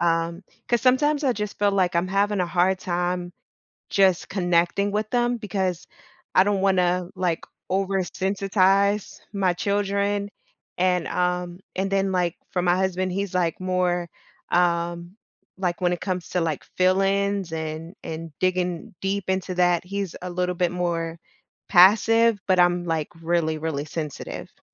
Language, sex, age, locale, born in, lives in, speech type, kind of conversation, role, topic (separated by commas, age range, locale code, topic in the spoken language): English, female, 35-39, en-US, United States, United States, conversation, advice, user, How can I make friends?